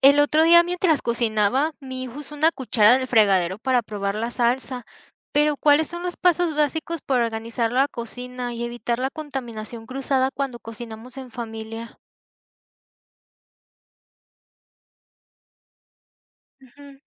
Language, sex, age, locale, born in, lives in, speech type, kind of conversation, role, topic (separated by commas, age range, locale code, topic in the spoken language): Spanish, female, 20-24, es-MX, Mexico, Mexico, read, expert-assistant, asker, ¿Cómo puedo organizar mejor mi cocina para evitar la contaminación cruzada y mantener los alimentos seguros?